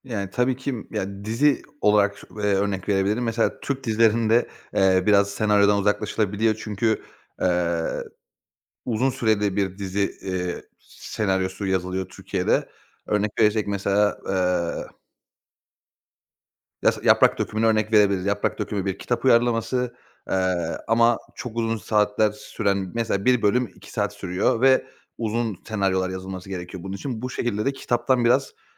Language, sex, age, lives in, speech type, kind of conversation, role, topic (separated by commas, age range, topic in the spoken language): Turkish, male, 30-34, Bulgaria, conversation, podcast, guest, Bir kitabın dizi ya da filme uyarlanması hakkında ne düşünüyorsun?